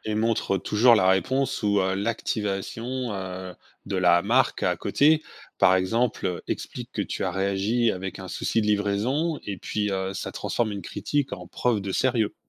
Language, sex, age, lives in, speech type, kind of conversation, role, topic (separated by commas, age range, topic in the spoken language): French, male, 45-49, France, read, expert-assistant, expert, Quelles idées pourrait-on imaginer pour aider une marque à donner une image plus sympathique au public ?